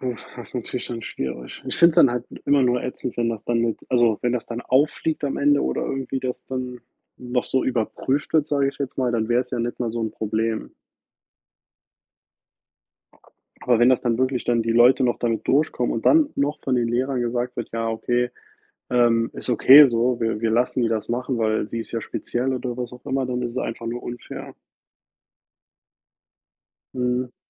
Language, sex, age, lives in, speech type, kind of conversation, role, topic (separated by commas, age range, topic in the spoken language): German, male, 30-34, United States, conversation, unstructured, none, Hast du schon einmal erlebt, dass jemand deine Arbeit gestohlen hat?